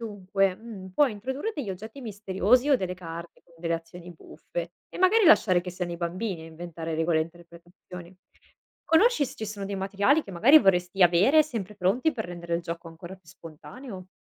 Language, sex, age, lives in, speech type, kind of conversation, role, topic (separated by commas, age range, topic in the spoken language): Italian, female, 25-29, Italy, read, expert-assistant, expert, Come potremmo reinventare una passeggiata nel parco per renderla più sorprendente e coinvolgente?